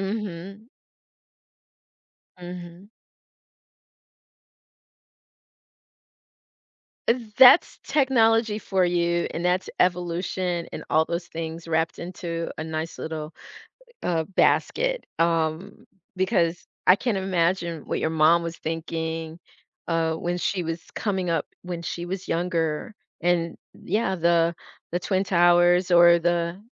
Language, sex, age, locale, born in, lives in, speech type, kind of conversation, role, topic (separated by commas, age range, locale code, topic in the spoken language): English, female, 55-59, en-US, United States, United States, conversation, podcast, host, What surprised you most while traveling?